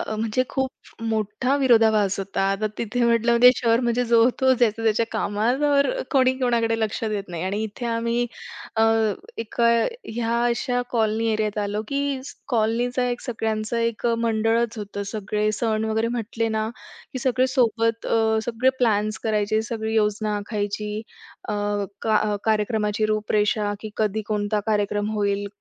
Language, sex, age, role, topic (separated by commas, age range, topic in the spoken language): Marathi, female, 25-29, guest, नवीन ठिकाणी तुम्हाला घरासारखं वाटायला नेमकं काय लागते?